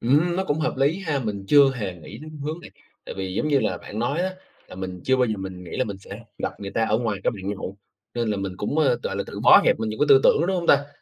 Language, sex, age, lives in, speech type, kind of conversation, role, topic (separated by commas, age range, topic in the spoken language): Vietnamese, male, 25-29, Vietnam, conversation, advice, user, Làm sao để sống tối giản mà vẫn giữ được kết nối xã hội?